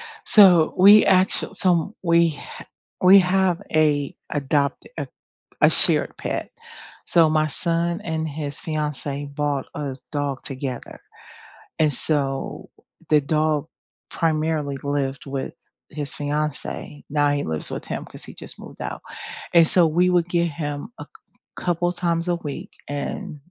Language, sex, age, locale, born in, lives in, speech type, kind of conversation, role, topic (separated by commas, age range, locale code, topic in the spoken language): English, female, 50-54, en-US, United States, United States, conversation, unstructured, none, When the weekend arrives, do you recharge with cozy at-home rituals, or do you go exploring together?